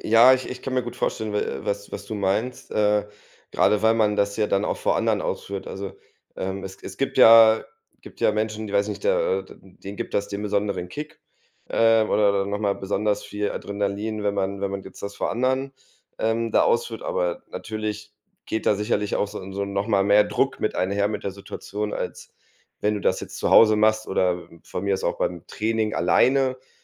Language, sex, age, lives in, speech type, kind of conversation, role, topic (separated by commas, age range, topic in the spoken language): German, male, 30-34, Germany, conversation, advice, advisor, Wie kann ich meine Angst vor Verletzungen oder Schmerzen beim Sport überwinden?